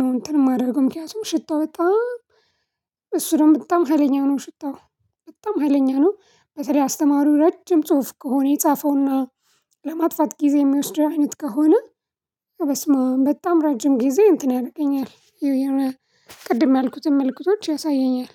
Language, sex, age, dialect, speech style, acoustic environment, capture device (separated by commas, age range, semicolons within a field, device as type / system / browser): Amharic, female, 25-34, Ethiopia - Addis Ababa, relaxed; excited, silence, mobile / Linux / Chrome